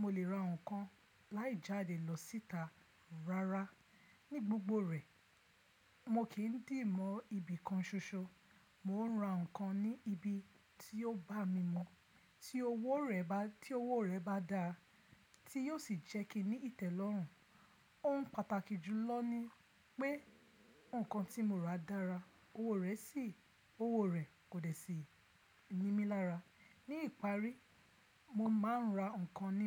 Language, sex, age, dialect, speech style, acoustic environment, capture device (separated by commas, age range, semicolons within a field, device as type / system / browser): Yoruba, female, 25-34, Nigeria - Lagos Yoruba, focused, silence, mobile / Linux / Chrome